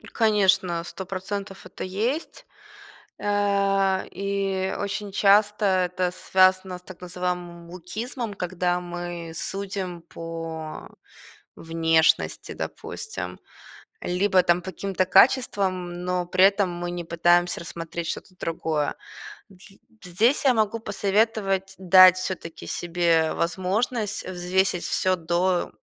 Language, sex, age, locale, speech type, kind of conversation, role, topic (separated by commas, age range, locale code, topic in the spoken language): Russian, female, 25-29, ru-RU, conversation, podcast, guest, Можно ли развить интуицию как навык?